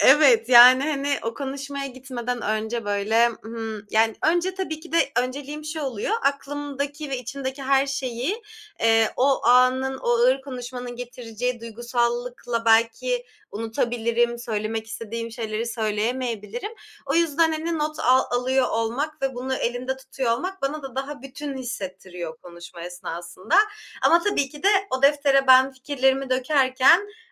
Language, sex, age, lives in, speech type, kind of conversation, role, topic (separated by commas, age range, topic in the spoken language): Turkish, female, 25-29, Germany, conversation, podcast, guest, Ağır sözler söyledikten sonra bir ilişkiyi onarmak için ne yaparsın?